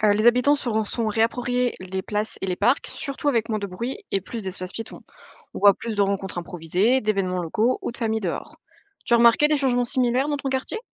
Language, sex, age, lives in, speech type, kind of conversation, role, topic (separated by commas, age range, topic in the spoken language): French, female, 20-24, France, read, expert-assistant, expert, Les villes françaises deviennent-elles vraiment plus vertes, ou est-ce exagéré ?